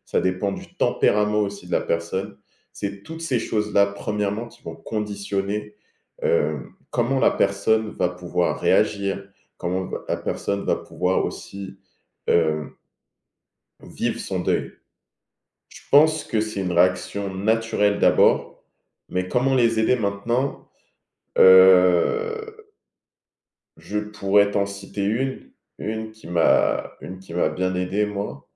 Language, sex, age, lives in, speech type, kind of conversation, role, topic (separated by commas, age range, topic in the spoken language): French, male, 20-24, France, conversation, unstructured, none, Pourquoi certaines personnes se mettent-elles en colère après une perte ?